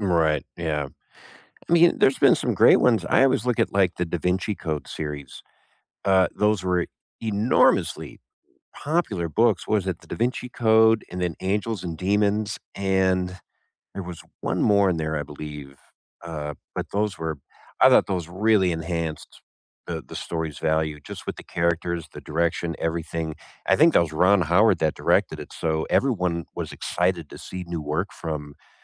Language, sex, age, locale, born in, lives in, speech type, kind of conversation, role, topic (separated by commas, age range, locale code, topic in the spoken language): English, male, 45-49, en-US, United States, United States, conversation, unstructured, none, Can bestselling book-to-screen adaptations enhance the value of the original story, or do they dilute it?